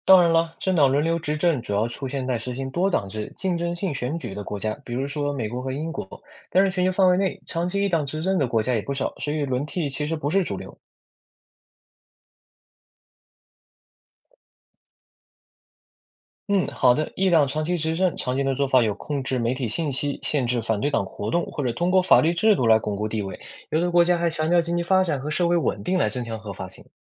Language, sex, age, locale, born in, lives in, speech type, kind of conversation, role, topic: Chinese, male, 20-24, zh-CN, China, United States, read, expert-assistant, expert, 网上说在不同国家，政党轮流执政是常态，这是真的吗？有没有长期由一个党执政的例子？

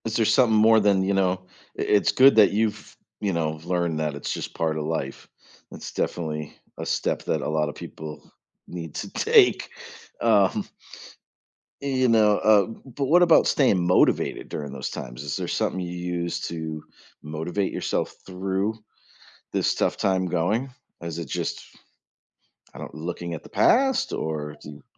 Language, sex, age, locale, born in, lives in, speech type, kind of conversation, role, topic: English, male, 45-49, en-US, United States, United States, conversation, podcast, host, What helps you keep going during difficult times?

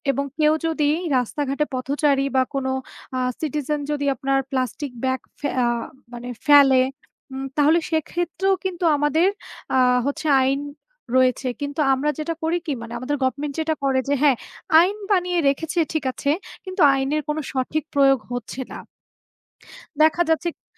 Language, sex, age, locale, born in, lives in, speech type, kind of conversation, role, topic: Bengali, female, 25-29, bn-BD, Bangladesh, Bangladesh, conversation, unstructured, none, প্লাস্টিক দূষণ কমাতে আপনি কোন কোন অভ্যাস পরিবর্তন করেছেন?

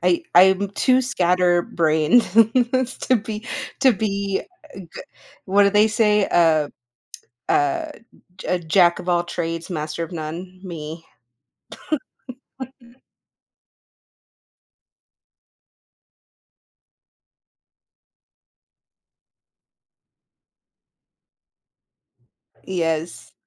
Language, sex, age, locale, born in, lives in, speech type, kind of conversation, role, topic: English, female, 30-34, en-US, United States, United States, conversation, podcast, guest, What qualities or experiences shape your idea of a fulfilling career?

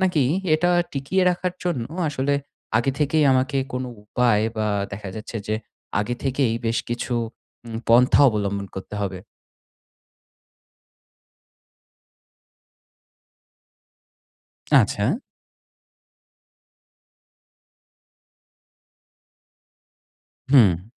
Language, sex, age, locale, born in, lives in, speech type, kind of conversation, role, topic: Bengali, male, 30-34, bn-BD, Bangladesh, Finland, conversation, advice, user, আমি কীভাবে দৈনন্দিন জীবনে আরও বেশি অর্থ ও আনন্দ খুঁজে পেতে পারি?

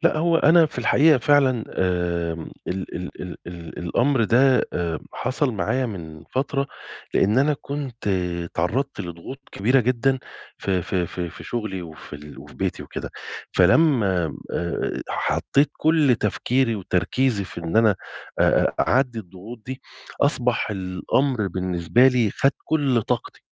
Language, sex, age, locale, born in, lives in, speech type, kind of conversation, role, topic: Arabic, male, 40-44, ar-EG, Egypt, Egypt, conversation, advice, user, إزاي أتعامل مع الملل وفقدان الحافز في حياتي؟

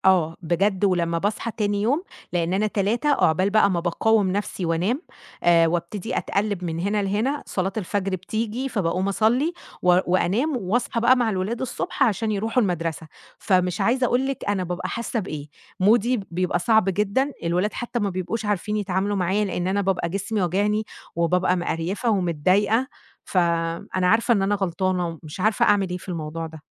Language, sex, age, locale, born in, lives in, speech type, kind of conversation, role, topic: Arabic, female, 30-34, ar-EG, Egypt, Egypt, conversation, advice, user, إزاي استخدام الموبايل أو الشاشات قبل النوم بيأخر النعاس؟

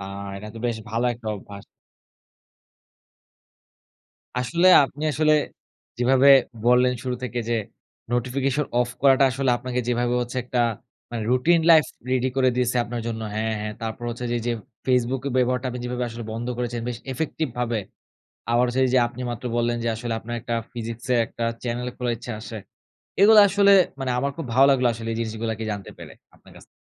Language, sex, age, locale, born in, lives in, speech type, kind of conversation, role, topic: Bengali, male, 20-24, bn-BD, Bangladesh, Bangladesh, conversation, podcast, host, রাতে নোটিফিকেশন বন্ধ করা কেন জরুরি বলে তুমি মনে করো?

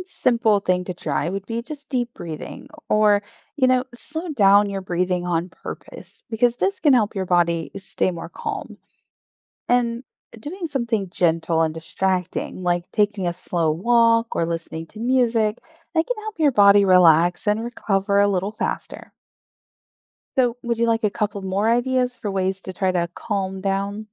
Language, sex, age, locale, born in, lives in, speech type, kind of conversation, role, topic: English, female, 35-39, en-US, Germany, United States, read, expert-assistant, expert, How does your body react to excitement?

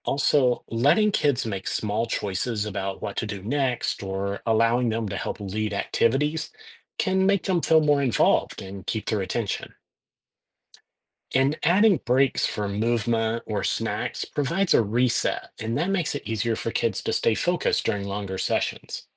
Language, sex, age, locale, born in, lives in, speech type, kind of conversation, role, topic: English, male, 50-54, en-US, United States, United States, read, expert-assistant, expert, How does volunteering benefit individuals and society?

